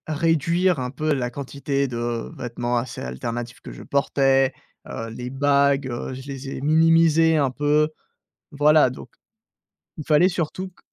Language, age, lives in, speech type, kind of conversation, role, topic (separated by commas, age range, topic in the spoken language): French, 20-24, France, conversation, podcast, guest, Comment as-tu trouvé ton style signature ?